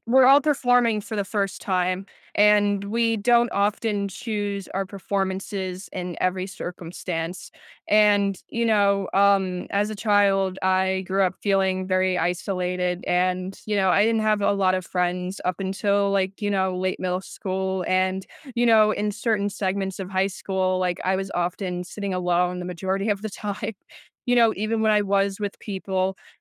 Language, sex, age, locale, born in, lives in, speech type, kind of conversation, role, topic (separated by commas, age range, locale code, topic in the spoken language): English, other, 20-24, en-US, United States, United States, conversation, podcast, guest, How do certain songs become deeply meaningful in our lives?